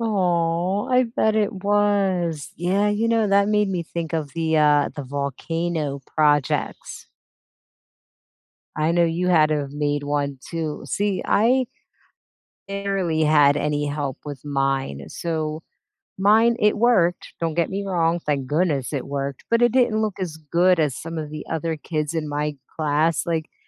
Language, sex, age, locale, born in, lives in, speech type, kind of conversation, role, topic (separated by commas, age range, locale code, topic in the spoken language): English, female, 40-44, en-US, United States, United States, conversation, unstructured, none, What class project did you love, and what made it memorable?